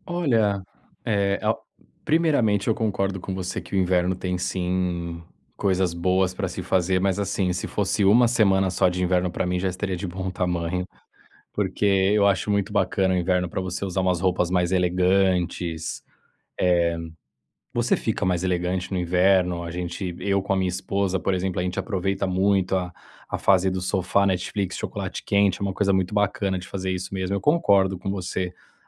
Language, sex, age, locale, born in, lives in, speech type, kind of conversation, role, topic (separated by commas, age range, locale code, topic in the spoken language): Portuguese, male, 30-34, pt-BR, Brazil, Spain, conversation, advice, user, Como posso reduzir distrações internas e externas para me manter presente nas atividades diárias?